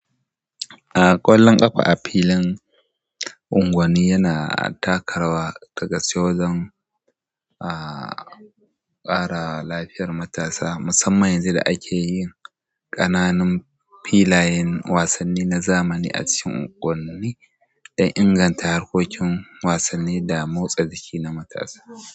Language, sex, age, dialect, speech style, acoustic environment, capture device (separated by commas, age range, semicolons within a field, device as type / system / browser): Hausa, male, 25-34, Nigeria - Kano, relaxed; happy, silence; people talking, mobile / Android / Chrome